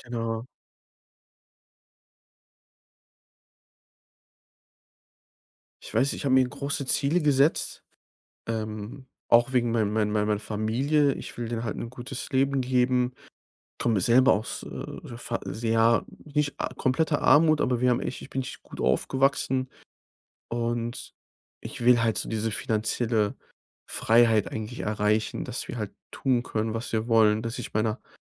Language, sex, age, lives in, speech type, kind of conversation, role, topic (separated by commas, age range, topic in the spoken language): German, male, 25-29, Germany, conversation, advice, user, Wie kann ich es schaffen, länger tief konzentriert zu arbeiten?